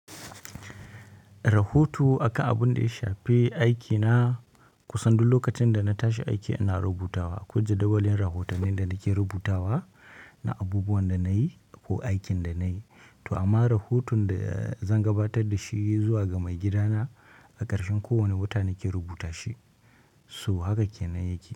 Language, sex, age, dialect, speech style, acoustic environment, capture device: Hausa, male, 25-34, Nigeria - Kano, focused, nature, mobile / Linux / Chrome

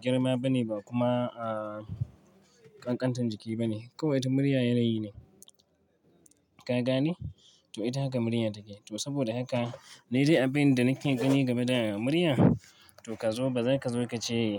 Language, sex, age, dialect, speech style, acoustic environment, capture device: Hausa, male, 25-34, Nigeria - Kano, relaxed, traffic, mobile / Linux / Chrome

